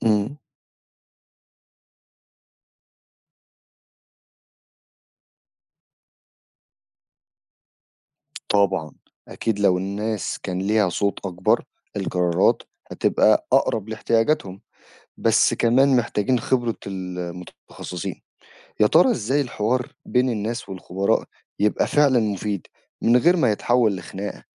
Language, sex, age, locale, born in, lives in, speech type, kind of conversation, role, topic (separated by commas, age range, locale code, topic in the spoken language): Arabic, male, 25-29, ar-EG, Egypt, Egypt, read, expert-assistant, asker, هل فعلاً استكشاف الفضاء مكلف جدًا وإن الفلوس دي ممكن تتصرف في حاجات أهم على الأرض؟